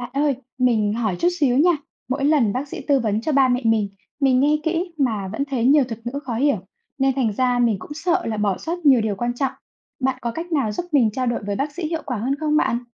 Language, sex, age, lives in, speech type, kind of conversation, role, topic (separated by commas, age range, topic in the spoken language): Vietnamese, female, 25-29, Vietnam, read, expert-assistant, asker, Làm thế nào để giao tiếp hiệu quả với bác sĩ khi tư vấn sức khỏe?